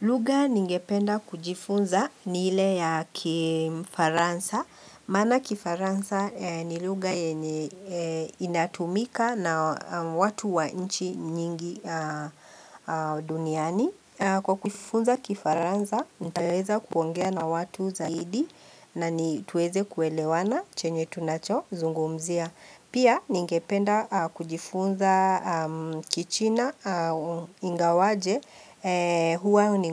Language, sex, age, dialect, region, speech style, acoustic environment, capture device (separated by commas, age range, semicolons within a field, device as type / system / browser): Swahili, female, 45-54, Kenya - Nairobi Sheng-influenced, Kenya, happy; relaxed, people talking, mobile / Linux / Chrome